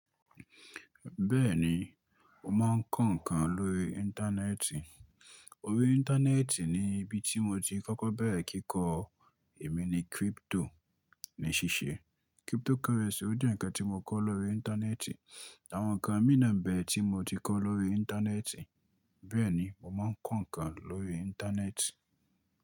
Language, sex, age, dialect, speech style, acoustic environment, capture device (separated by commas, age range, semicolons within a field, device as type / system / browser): Yoruba, male, 25-34, Nigeria - Ibadan, focused; relaxed, nature; silence, mobile / Linux / Chrome